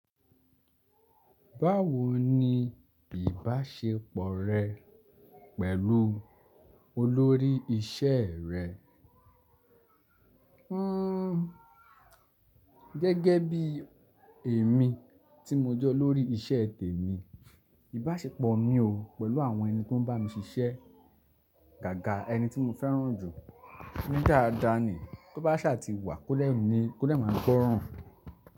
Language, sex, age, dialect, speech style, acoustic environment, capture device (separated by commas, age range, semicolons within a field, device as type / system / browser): Yoruba, male, 25-34, Nigeria - Lagos Yoruba, relaxed; focused, silence, desktop / Linux / Chrome